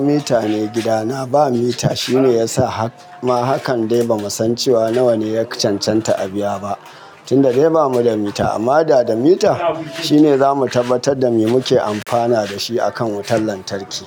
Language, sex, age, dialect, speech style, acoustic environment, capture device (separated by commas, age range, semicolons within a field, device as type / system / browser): Hausa, male, 35-44, Nigeria - Kano, happy, nature, mobile / Linux / Chrome